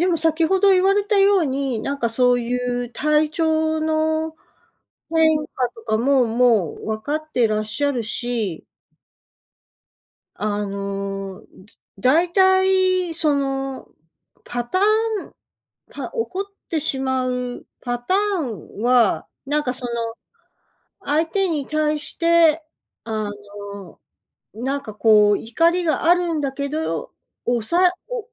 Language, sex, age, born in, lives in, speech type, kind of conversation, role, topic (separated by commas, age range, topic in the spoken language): Japanese, female, 55-59, Japan, United States, conversation, advice, advisor, 突然、激しい怒りや悲しみの波に襲われたとき、どう対処すればよいですか？